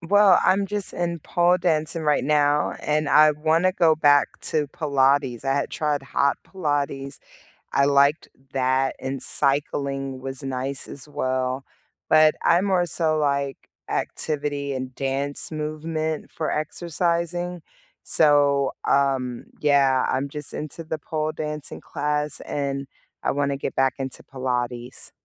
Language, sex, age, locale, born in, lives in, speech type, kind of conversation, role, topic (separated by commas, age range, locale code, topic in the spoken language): English, female, 40-44, en-US, United States, United States, conversation, unstructured, none, If you rebuilt your week from scratch, what core time blocks would you include?